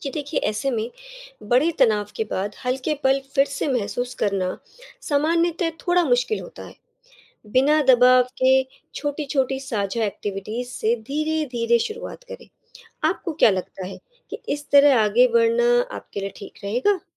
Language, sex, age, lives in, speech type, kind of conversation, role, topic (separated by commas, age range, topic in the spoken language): Hindi, female, 35-39, India, read, expert-assistant, expert, रिश्तों में जब दोनों की राय अलग हो, तो खुले मन से बातचीत करना कैसे सीखा जा सकता है?